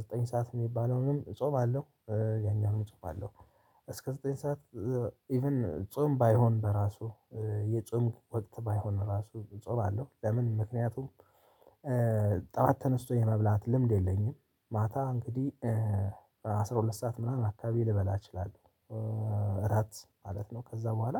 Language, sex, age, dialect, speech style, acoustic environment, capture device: Amharic, male, 35-44, Ethiopia - Addis Ababa, relaxed, nature, mobile / Linux / Chrome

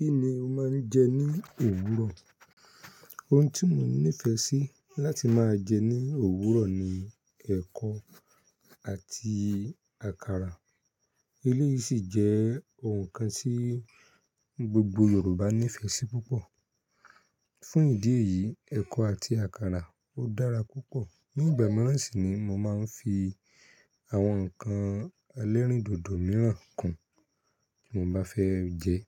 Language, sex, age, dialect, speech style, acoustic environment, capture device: Yoruba, male, 25-34, Nigeria - Ibadan, happy, people talking; silence; nature, mobile / Linux / Chrome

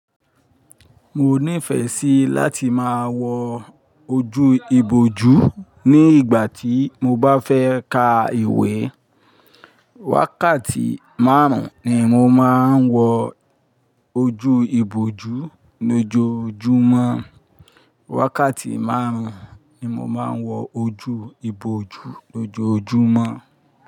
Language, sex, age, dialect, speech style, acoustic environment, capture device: Yoruba, male, 45-54, Nigeria - Ibadan, happy, nature, mobile / Linux / Chrome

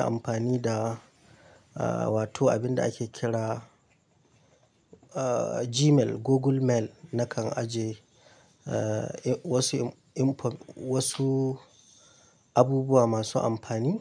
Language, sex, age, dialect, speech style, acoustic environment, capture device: Hausa, male, 25-34, Nigeria - Kano, happy, nature, mobile / Linux / Chrome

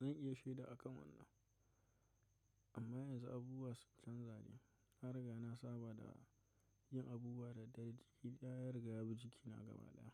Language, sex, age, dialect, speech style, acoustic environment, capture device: Hausa, male, 25-34, Nigeria - Kano, relaxed, silence, mobile / macOS / Safari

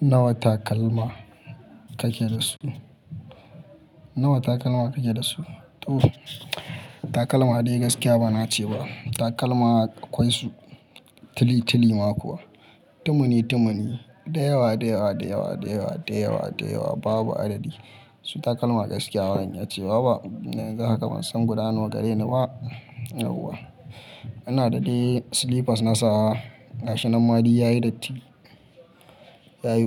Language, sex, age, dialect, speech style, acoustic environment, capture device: Hausa, male, 25-34, Nigeria - Kano, happy; focused, people talking; nature, mobile / Linux / Chrome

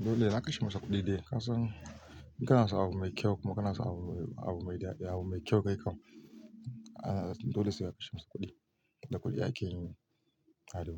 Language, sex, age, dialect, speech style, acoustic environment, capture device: Hausa, male, 35-44, Nigeria - Kano, relaxed, nature, mobile / Linux / Chrome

